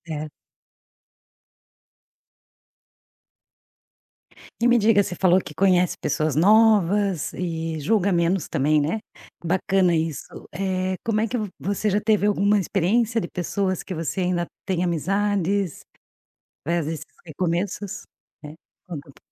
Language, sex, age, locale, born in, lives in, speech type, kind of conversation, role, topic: Portuguese, female, 45-49, pt-BR, Brazil, Portugal, conversation, podcast, host, Como você lida com frustrações e recomeços?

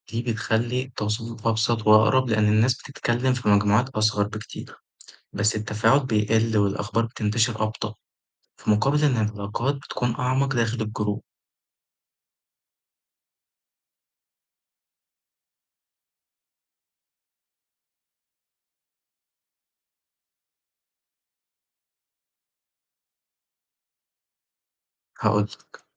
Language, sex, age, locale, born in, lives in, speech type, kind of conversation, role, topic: Arabic, male, 20-24, ar-EG, Egypt, Egypt, read, expert-assistant, expert, تخيل لو منصات السوشيال ميديا كلها بقت لازم تدفع اشتراك شهري عشان تدخل عليها. يا ترى الناس هتتصرف إزاي؟ وإيه البدائل اللي ممكن يلاقوها؟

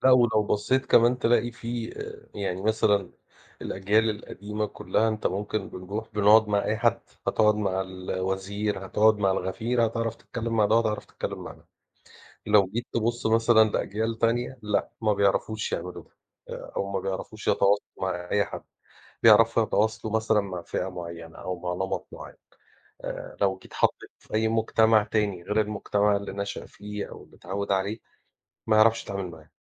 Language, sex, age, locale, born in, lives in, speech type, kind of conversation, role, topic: Arabic, male, 40-44, ar-EG, Egypt, Portugal, conversation, unstructured, none, إيه اللي بيخليك تفقد أعصابك وإنت بتتكلم مع حد مختلف معاك في الرأي؟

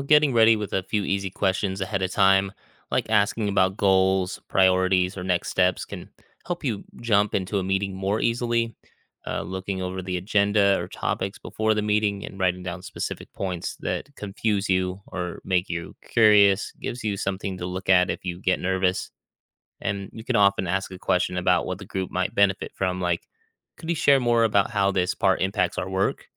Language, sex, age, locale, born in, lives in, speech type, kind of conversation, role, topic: English, male, 35-39, en-US, United States, United States, read, expert-assistant, expert, Why is it important to ask questions?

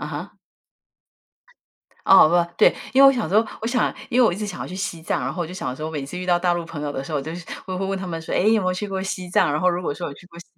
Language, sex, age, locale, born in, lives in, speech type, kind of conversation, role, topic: Chinese, female, 50-54, zh-TW, Taiwan, United States, conversation, unstructured, none, 你愿意为一次特别的旅行花很多钱吗？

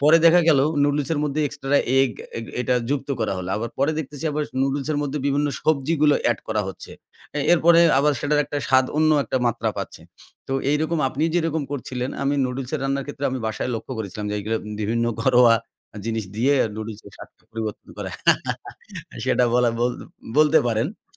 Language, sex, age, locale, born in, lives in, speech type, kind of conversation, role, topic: Bengali, male, 40-44, bn-BD, Bangladesh, Bangladesh, conversation, podcast, host, ঘরোয়া উপায়ে খাবারের স্বাদ বাড়ানোর তোমার সবচেয়ে প্রিয় কৌশল কী?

